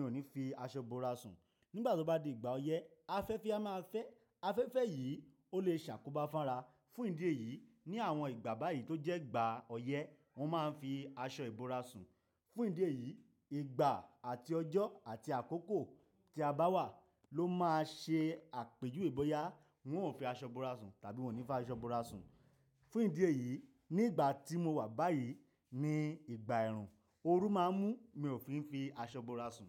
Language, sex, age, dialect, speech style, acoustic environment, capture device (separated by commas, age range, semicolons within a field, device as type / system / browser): Yoruba, male, 25-34, Nigeria - Nigerian Standard, happy, silence; nature, desktop / Linux / Chrome